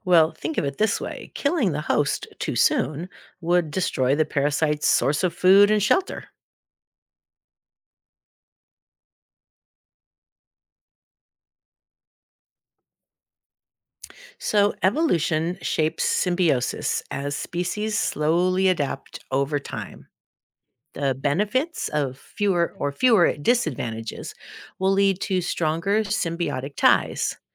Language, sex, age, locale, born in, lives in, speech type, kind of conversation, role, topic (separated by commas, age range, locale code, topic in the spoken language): English, female, 60-64, en-US, United States, United States, read, expert-assistant, expert, What is the role of symbiosis in nature?